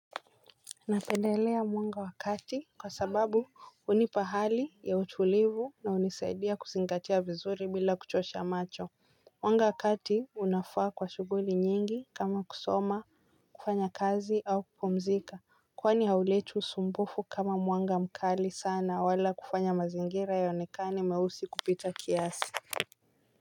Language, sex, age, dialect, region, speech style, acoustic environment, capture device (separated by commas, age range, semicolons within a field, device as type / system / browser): Swahili, female, 18-24, Kenya - Mombasa, Kenya, relaxed, people talking, mobile / Linux / Chrome